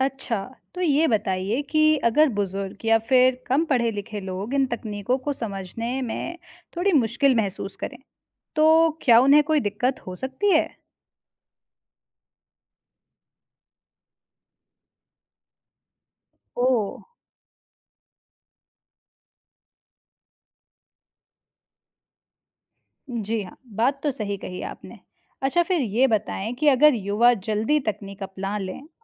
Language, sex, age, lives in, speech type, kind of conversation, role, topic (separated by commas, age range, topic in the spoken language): Hindi, female, 25-29, India, read, expert-assistant, asker, खेती में ड्रोन और सेंसर का इस्तेमाल बढ़ने से गाँव की ज़िंदगी में क्या-क्या बदलाव आ सकते हैं?